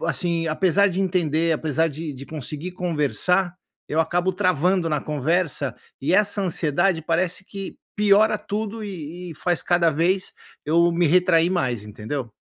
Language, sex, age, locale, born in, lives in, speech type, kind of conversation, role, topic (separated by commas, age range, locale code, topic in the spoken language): Portuguese, male, 50-54, pt-BR, Brazil, United States, conversation, advice, user, Como posso lidar com a ansiedade e a insegurança nas primeiras conversas amorosas?